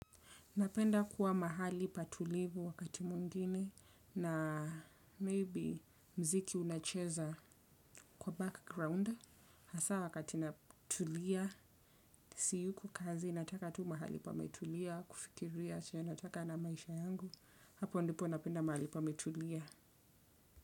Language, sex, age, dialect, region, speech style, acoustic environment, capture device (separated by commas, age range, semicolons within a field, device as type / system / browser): Swahili, female, 25-34, Kenya - Nairobi Sheng-influenced, Kenya, focused; relaxed, silence, desktop / Windows / Chrome